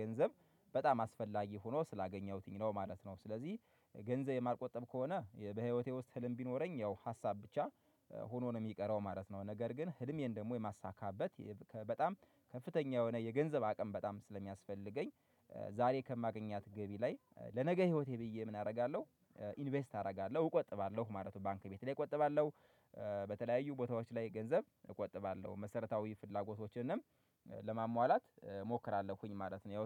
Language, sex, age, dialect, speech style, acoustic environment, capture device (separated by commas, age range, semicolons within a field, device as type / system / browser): Amharic, male, 25-34, Ethiopia - Gojjam, happy, silence, mobile / Linux / Chrome